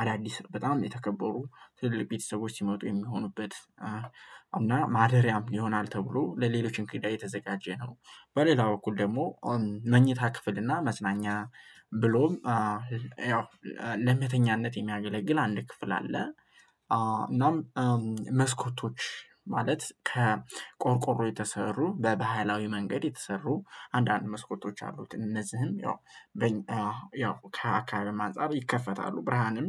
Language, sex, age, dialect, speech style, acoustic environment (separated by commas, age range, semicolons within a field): Amharic, male, 18-24, Ethiopia - Gojjam, happy, nature